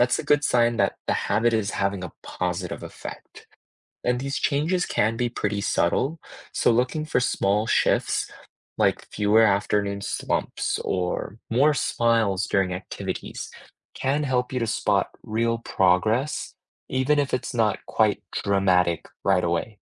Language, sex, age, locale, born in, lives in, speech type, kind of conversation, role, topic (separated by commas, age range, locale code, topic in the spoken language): English, male, 25-29, en-US, United States, United States, read, expert-assistant, expert, How do you choose which small behavior changes to try first to improve your family’s health?